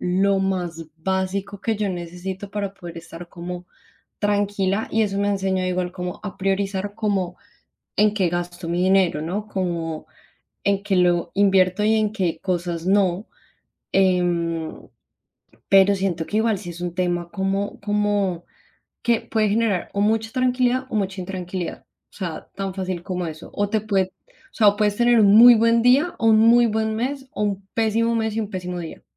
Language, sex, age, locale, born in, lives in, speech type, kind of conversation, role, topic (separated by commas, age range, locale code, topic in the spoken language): Spanish, female, 25-29, es-CO, Colombia, Spain, conversation, unstructured, none, ¿Qué te hace sentir más feliz al manejar tu dinero?